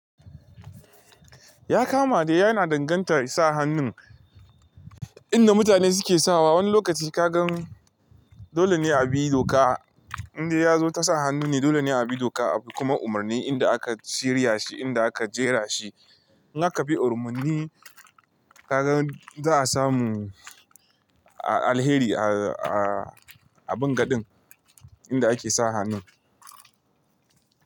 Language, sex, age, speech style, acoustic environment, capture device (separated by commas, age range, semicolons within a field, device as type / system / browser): Hausa, male, 25-34, relaxed, nature, mobile / Linux / Chrome